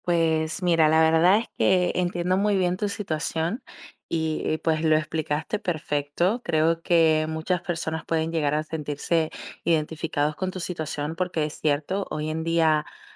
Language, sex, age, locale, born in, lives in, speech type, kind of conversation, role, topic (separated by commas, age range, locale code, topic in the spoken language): Spanish, female, 20-24, es-DO, Dominican Republic, Italy, conversation, advice, advisor, ¿Cómo puedo motivarme por mí mismo sin depender de la aprobación de los demás?